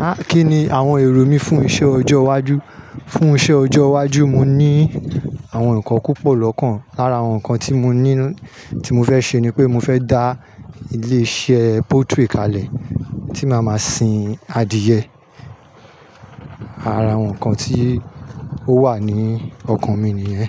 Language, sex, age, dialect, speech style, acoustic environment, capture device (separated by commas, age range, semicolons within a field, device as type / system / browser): Yoruba, male, 35-44, Nigeria - Nigerian Standard, happy; nervous; excited, people talking; nature, mobile / Linux / Chrome